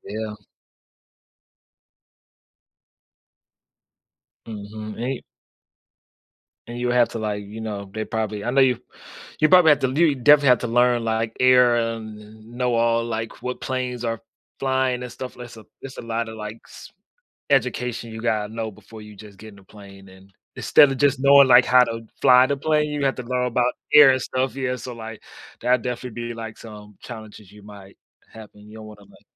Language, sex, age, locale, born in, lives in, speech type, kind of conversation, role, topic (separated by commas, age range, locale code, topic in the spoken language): English, male, 25-29, en-US, United States, United States, conversation, unstructured, none, What hobby would you try if you had unlimited time and money?